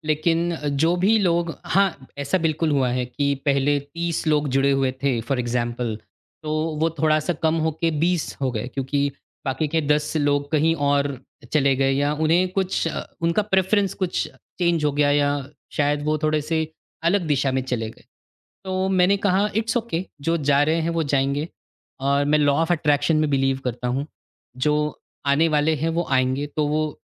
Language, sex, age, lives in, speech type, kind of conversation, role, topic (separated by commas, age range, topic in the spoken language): Hindi, male, 40-44, India, conversation, podcast, guest, Mentor-mentee relationship ko kaise maintain karte ho?